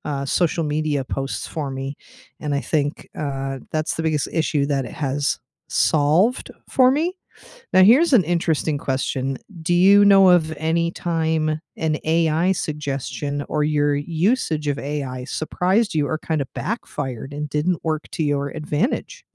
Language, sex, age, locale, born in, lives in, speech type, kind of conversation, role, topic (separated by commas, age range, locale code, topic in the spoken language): English, female, 40-44, en-US, United States, United States, conversation, unstructured, none, How are humans and AI shaping the future of your everyday work together?